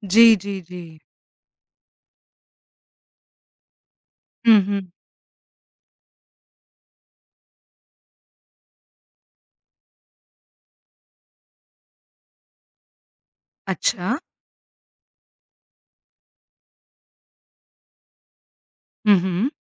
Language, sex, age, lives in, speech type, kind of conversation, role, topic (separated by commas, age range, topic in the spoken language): Hindi, female, 50-54, India, conversation, podcast, host, Outfit choose karte waqt tumhara mood kitna matter karta hai?